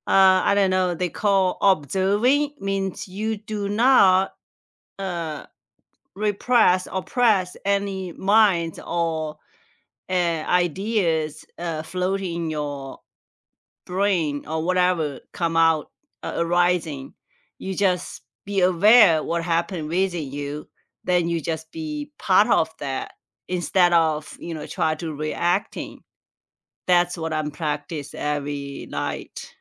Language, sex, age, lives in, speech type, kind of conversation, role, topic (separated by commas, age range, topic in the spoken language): English, female, 45-49, United States, conversation, unstructured, none, What sleep routines actually work for you, and which bedtime rituals help you feel rested and calm?